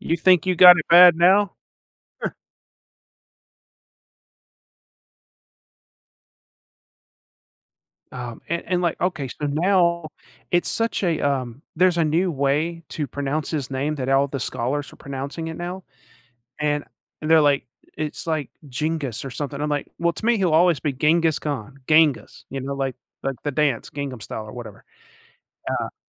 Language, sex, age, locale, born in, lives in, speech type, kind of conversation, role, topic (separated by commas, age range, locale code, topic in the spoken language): English, male, 40-44, en-US, United States, United States, conversation, podcast, host, How has technology changed the way we live and interact with each other?